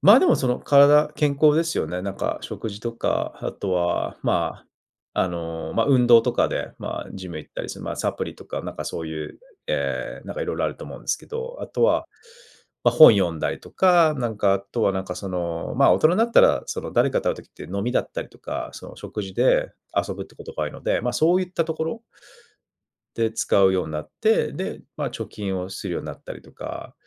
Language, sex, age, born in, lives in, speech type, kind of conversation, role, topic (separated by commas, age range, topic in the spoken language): Japanese, male, 35-39, Japan, Japan, conversation, podcast, guest, 年齢を重ねて気づいた服の選び方のコツはありますか？